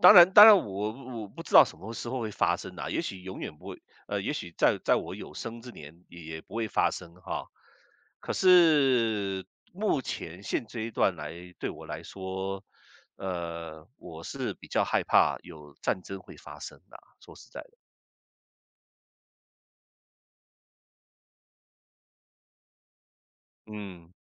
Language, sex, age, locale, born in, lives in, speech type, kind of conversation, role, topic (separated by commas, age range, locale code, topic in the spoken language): Chinese, male, 55-59, zh-CN, Taiwan, United States, conversation, unstructured, none, 你最害怕未来会发生什么？